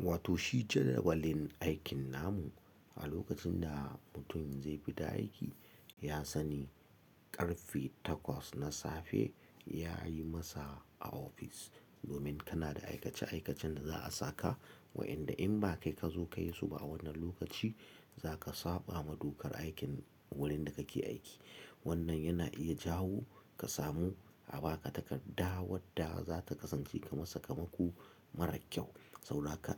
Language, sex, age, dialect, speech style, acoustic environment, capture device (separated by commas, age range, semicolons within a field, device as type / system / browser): Hausa, male, 35-44, Nigeria - Katsina, happy; excited; focused; relaxed, silence, mobile / Linux / Chrome